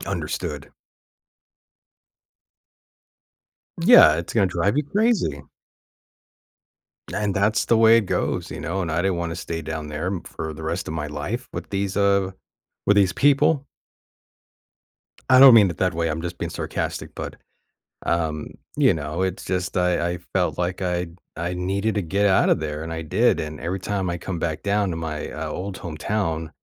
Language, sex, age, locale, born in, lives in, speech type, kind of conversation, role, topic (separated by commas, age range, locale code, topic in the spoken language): English, male, 35-39, en-US, United States, United States, conversation, podcast, guest, What helps friends overcome disagreements and strengthen their relationship?